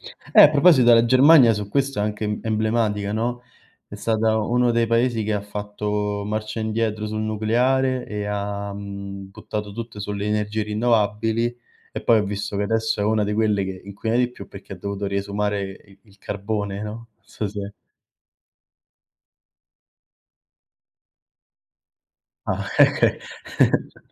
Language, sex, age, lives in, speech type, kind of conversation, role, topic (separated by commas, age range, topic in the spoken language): Italian, male, 25-29, Italy, conversation, unstructured, none, Qual è la tua opinione sul cambiamento climatico e sulle azioni che si stanno facendo oggi?